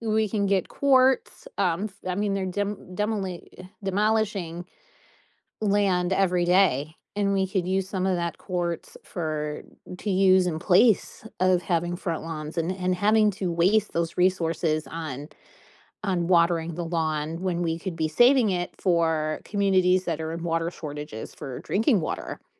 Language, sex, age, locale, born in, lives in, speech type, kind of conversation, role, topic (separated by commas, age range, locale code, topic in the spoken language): English, female, 40-44, en-US, United States, United States, conversation, podcast, guest, What motivates people to take action for the environment?